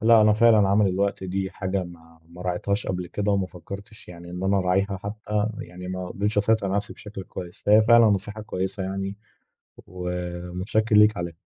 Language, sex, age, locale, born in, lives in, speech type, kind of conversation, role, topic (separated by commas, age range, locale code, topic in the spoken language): Arabic, male, 20-24, ar-EG, Egypt, Egypt, conversation, advice, user, إزاي أفتح حوار صريح مع صاحبي عشان نتفادى سوء الفهم ومنجرحش مشاعر بعض؟